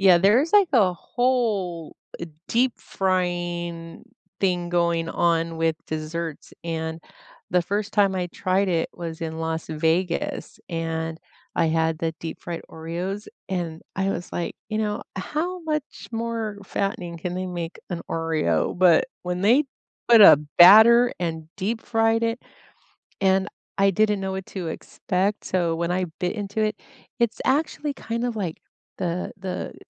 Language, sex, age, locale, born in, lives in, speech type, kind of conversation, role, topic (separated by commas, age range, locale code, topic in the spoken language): English, female, 50-54, en-US, United States, United States, conversation, unstructured, none, What are your favorite street foods, and how do you find the best places to buy them?